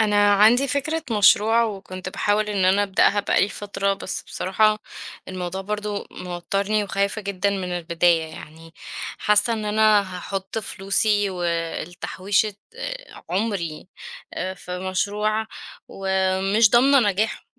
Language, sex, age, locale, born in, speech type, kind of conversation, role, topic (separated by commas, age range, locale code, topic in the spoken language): Arabic, female, 30-34, ar-EG, Egypt, conversation, advice, user, إزاي أتغلب على خوفي من إني أبدأ مشروع خاص عشان خايف أفشل ماليًا؟